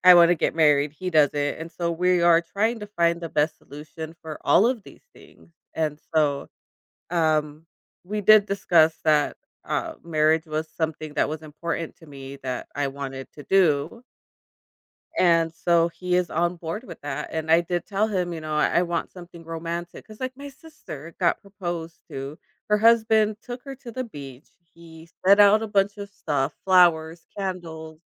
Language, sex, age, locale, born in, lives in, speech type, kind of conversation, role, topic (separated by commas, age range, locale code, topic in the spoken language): English, female, 35-39, en-US, United States, United States, conversation, advice, user, How do i stay excited without getting overwhelmed when starting wedding plans?